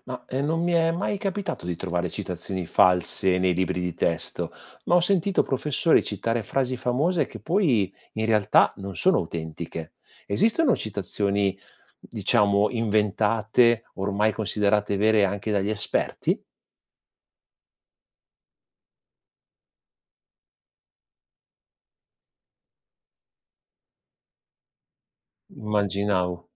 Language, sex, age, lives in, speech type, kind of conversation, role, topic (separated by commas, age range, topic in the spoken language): Italian, male, 45-49, Italy, read, expert-assistant, asker, Come si può riconoscere una citazione falsa da una autentica?